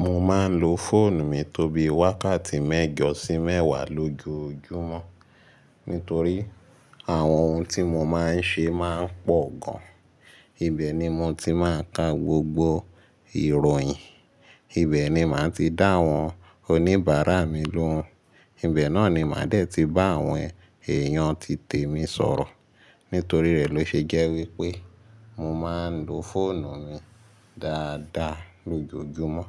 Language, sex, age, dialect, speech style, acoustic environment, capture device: Yoruba, male, 25-34, Nigeria - Lagos Yoruba, relaxed, silence, mobile / Linux / Chrome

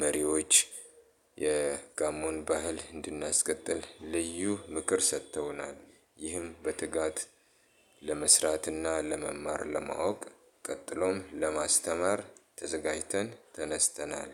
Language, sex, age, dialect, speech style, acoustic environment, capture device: Amharic, male, 35-44, Ethiopia - Addis Ababa, happy; relaxed; excited; focused, appliances; people talking, mobile / Linux / Chrome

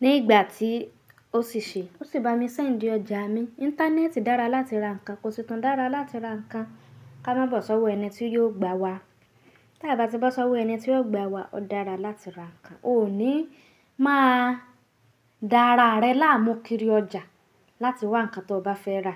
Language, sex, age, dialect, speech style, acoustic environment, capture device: Yoruba, female, 25-34, Nigeria - Nigerian Standard, happy; nervous, silence, mobile / Linux / Chrome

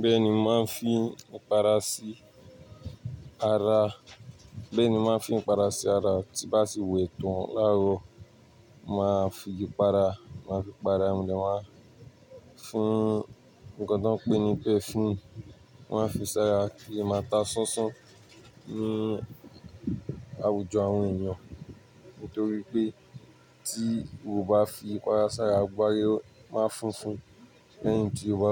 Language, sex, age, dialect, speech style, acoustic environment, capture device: Yoruba, male, 18-24, Nigeria - Lagos Yoruba, happy, people talking, mobile / Linux / Chrome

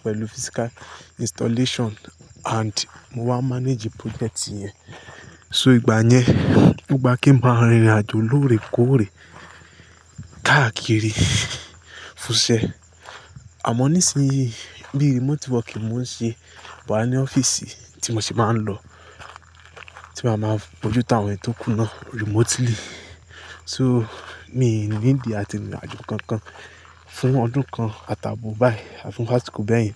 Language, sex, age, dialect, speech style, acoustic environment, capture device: Yoruba, male, 25-34, Nigeria - Lagos Yoruba, anxious, nature, mobile / Linux / Chrome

